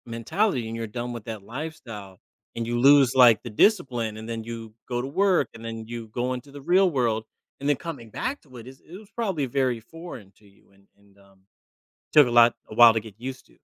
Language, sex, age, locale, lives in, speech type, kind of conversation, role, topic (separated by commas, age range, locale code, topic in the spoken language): English, male, 35-39, en-US, United States, conversation, advice, advisor, How should I celebrate my achievement?